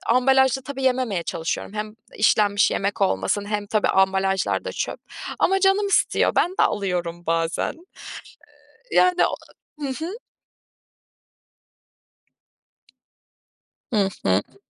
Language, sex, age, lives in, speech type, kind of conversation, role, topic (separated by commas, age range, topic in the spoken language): Turkish, female, 25-29, Germany, conversation, podcast, guest, Çevre dostu alışkanlıklarını bizimle paylaşır mısın?